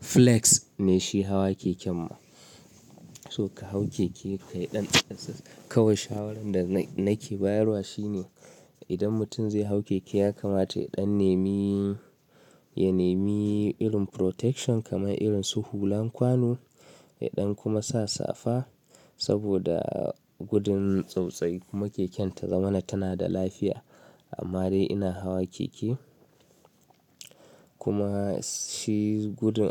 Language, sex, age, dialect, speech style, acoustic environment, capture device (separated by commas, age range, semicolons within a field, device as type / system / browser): Hausa, male, 25-34, Nigeria - Kano, anxious; nervous, silence, mobile / Linux / Chrome